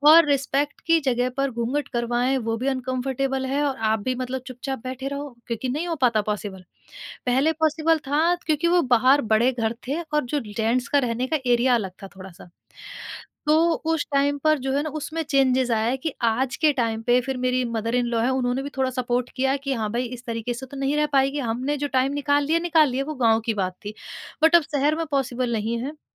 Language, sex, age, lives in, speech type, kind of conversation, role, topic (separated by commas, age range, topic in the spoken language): Hindi, female, 30-34, India, conversation, podcast, guest, Kaise aap modern zindagi aur purani paramparaon ke beech santulan banaye rakhte hain?